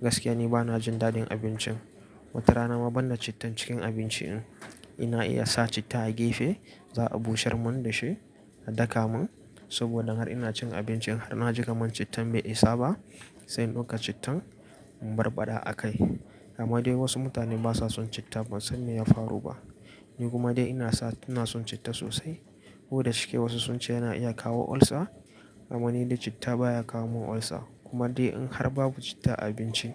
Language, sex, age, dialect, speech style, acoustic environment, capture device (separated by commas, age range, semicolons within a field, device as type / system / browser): Hausa, male, 18-24, Nigeria - Nigerian Standard, focused; relaxed, nature, mobile / Linux / Chrome